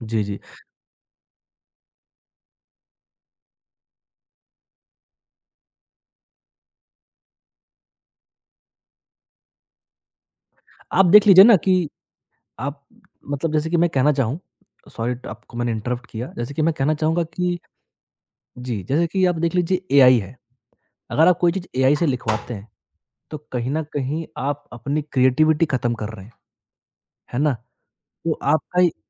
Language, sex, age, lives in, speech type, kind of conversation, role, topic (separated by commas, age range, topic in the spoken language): Hindi, male, 20-24, India, conversation, podcast, guest, Kabhi aap voice message bhejna zyada pasand karte hain ya text, aur kyun?